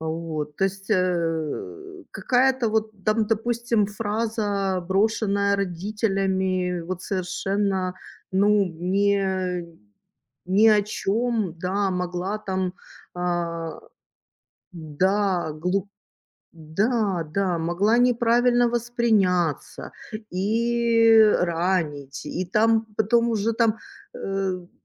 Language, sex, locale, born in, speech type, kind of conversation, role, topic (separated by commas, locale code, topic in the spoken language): Russian, female, ru-RU, Ukraine, conversation, unstructured, none, Как вы справляетесь с чувством неуверенности в своих мечтах?